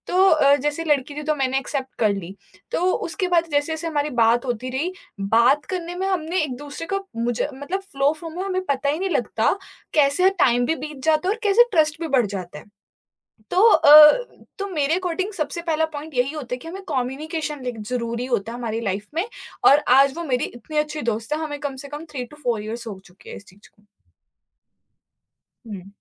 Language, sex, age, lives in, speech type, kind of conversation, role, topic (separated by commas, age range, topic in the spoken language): Hindi, female, 20-24, India, conversation, podcast, guest, Jab log online milte hain, toh aapke hisaab se unke beech trust kaise develop hota hai?